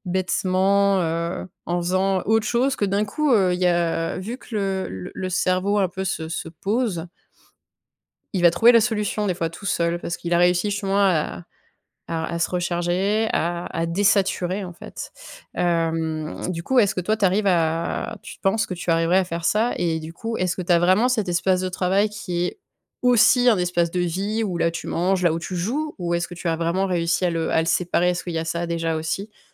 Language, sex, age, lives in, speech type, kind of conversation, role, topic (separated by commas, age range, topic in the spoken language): French, female, 30-34, France, conversation, advice, advisor, Comment décririez-vous votre épuisement émotionnel et votre difficulté à récupérer ?